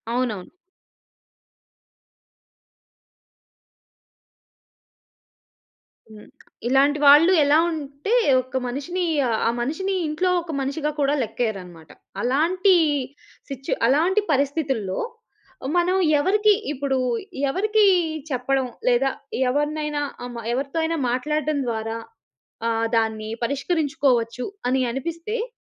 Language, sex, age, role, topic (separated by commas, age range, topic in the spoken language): Telugu, female, 30-34, host, ఎవరైనా మీకు లేదా ఇతరులకు గౌరవం చూపించకపోతే మీరు ఎలా వ్యవహరిస్తారు?